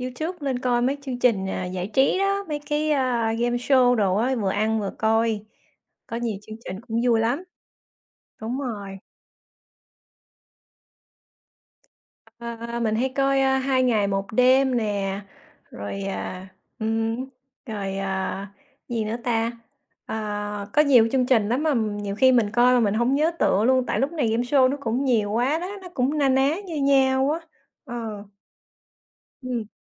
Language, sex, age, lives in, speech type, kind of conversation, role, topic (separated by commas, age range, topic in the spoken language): Vietnamese, female, 40-44, United States, conversation, unstructured, none, Bạn nghĩ sao về việc các chương trình giải trí quá tập trung vào tranh cãi?